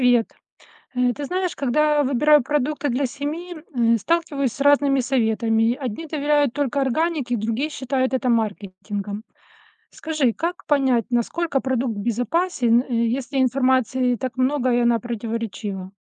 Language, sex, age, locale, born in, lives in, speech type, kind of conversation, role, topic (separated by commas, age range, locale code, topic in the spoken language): Russian, female, 45-49, ru-RU, Russia, United States, read, expert-assistant, asker, Как понять, насколько безопасны продукты, которые мы покупаем, если информации так много и она противоречивая?